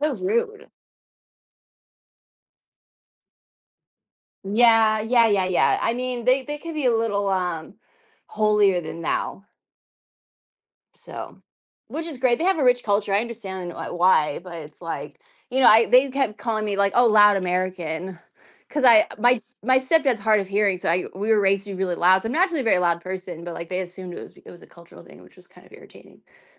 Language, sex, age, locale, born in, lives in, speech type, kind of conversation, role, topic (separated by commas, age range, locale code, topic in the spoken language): English, female, 35-39, en-US, United States, United States, conversation, unstructured, none, What is your favorite place you have ever visited?